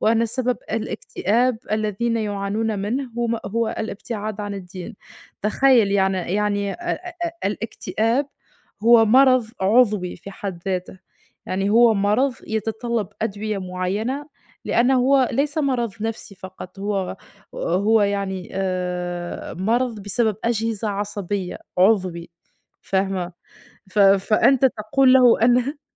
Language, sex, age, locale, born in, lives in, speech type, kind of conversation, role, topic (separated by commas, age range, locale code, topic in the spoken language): Arabic, female, 25-29, ar-TN, Tunisia, Portugal, conversation, unstructured, none, إيه رأيك في وصمة العار اللي حوالين المرض النفسي؟